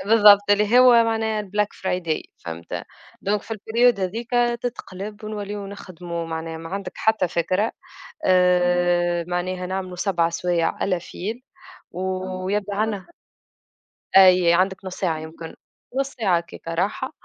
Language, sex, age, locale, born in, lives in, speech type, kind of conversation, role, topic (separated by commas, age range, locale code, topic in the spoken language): Arabic, female, 25-29, ar-TN, Tunisia, Germany, conversation, unstructured, none, إنت شايف إن الشغل بيأثر على صحتك النفسية؟